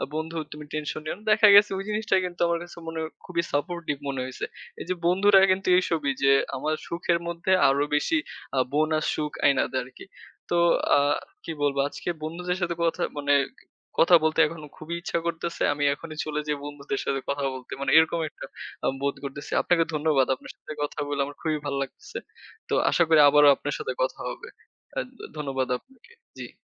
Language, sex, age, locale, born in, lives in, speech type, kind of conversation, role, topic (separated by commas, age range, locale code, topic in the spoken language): Bengali, male, 20-24, bn-BD, Bangladesh, Bangladesh, conversation, unstructured, none, বন্ধুত্ব কীভাবে সমাজে সুখ নিয়ে আসে?